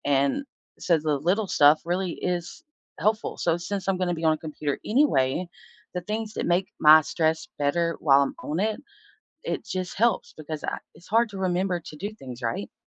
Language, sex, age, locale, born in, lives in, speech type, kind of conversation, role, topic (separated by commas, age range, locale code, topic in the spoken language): English, female, 30-34, en-US, United States, United States, conversation, unstructured, none, How can you manage stress through simple, everyday moments, and what are the benefits and limitations of this approach?